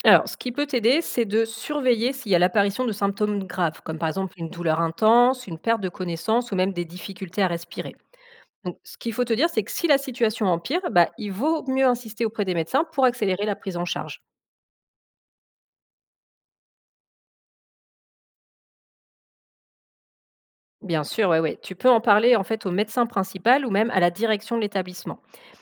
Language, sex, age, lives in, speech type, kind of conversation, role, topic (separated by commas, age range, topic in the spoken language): French, female, 35-39, France, read, expert-assistant, expert, Pourquoi existe-t-il autant de spécialités médicales différentes et comment choisit-on celle qui nous convient quand on est malade ?